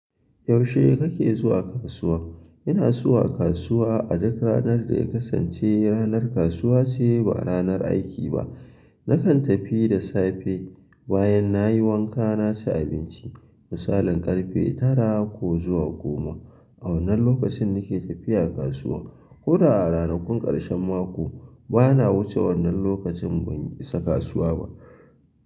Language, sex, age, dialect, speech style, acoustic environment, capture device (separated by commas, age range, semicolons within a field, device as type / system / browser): Hausa, male, 25-34, Nigeria - Kano, happy, people talking; silence, mobile / Linux / Chrome